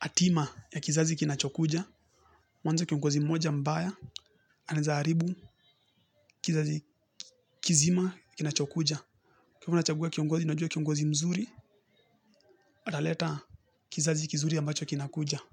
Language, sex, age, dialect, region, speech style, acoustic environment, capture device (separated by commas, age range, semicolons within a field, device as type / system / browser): Swahili, male, 18-24, Kenya - Nairobi Sheng-influenced, Kenya, relaxed; happy; focused, music; nature; construction; appliances; people talking, mobile / Linux / Chrome